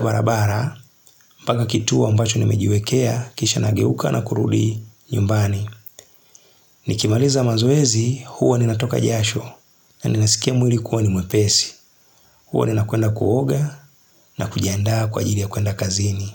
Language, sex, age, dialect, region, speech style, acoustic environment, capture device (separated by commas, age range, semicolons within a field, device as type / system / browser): Swahili, male, 35-44, Tanzania - Dar es Salaam, Tanzania, relaxed, silence, mobile / Linux / Chrome